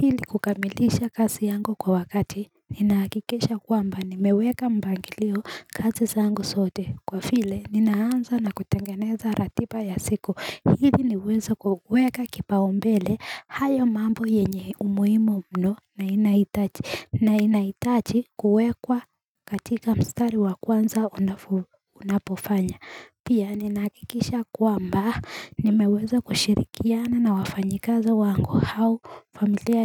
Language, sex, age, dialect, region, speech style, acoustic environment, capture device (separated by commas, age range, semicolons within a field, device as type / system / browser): Swahili, female, 18-24, Kenya - Nairobi Sheng-influenced, Kenya, happy; excited, nature, mobile / Linux / Chrome